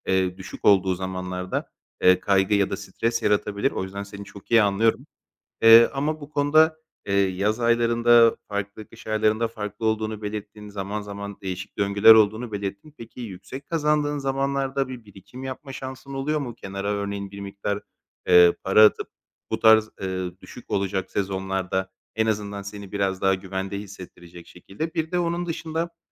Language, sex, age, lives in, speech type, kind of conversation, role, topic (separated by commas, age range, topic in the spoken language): Turkish, male, 30-34, Greece, conversation, advice, advisor, Gelir belirsizliği nedeniyle finansal kaygı yaşıyorum; ne yapmalıyım?